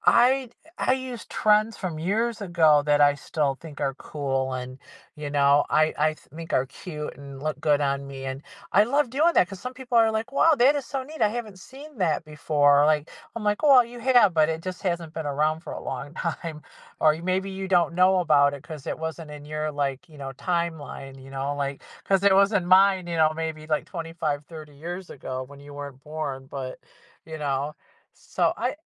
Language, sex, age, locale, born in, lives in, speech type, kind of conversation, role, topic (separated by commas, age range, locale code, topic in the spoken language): English, female, 55-59, en-US, United States, United States, conversation, podcast, guest, In what ways does your personal style reflect who you are and what matters to you?